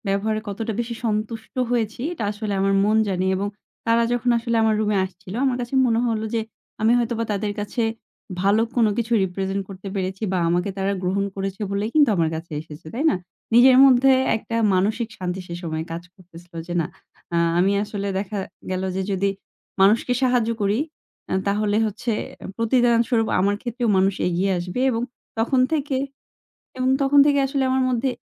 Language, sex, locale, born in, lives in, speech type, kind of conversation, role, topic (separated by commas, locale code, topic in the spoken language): Bengali, female, bn-BD, Bangladesh, Bangladesh, conversation, podcast, guest, কাউকে সাহায্য করার পর হঠাৎ কী আশ্চর্য ফল দেখেছো?